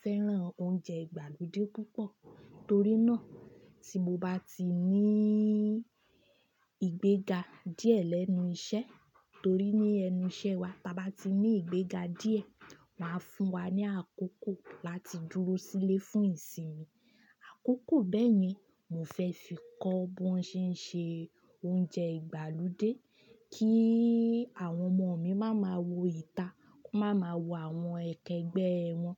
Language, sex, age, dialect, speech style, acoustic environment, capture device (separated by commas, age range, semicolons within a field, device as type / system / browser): Yoruba, female, 25-34, Nigeria - Ibadan, happy, appliances, desktop / Linux / Chrome